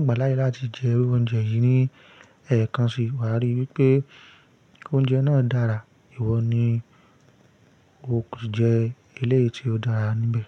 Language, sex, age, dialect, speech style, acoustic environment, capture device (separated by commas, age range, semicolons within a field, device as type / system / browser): Yoruba, male, 25-34, Nigeria - Ibadan, stressed; focused, nature; silence, mobile / Linux / Chrome